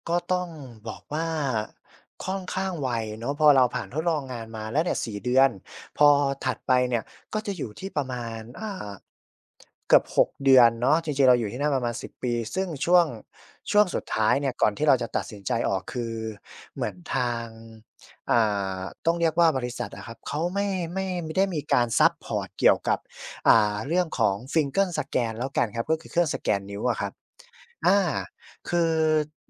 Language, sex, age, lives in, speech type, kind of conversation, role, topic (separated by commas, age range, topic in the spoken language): Thai, male, 25-29, Thailand, conversation, podcast, guest, เวลาเลือกงาน คุณให้ความสำคัญกับความมั่นคงระยะยาวหรือเงินเดือนตอนนี้มากกว่ากัน?